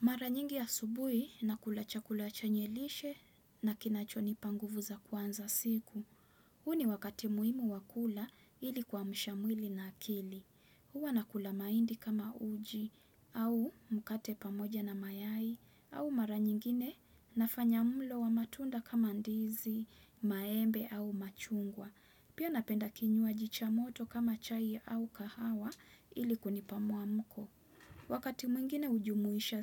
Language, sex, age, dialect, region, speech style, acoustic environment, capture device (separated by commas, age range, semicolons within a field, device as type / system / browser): Swahili, female, 25-34, Kenya - Mombasa, Kenya, focused, nature; silence, desktop / Windows / Chrome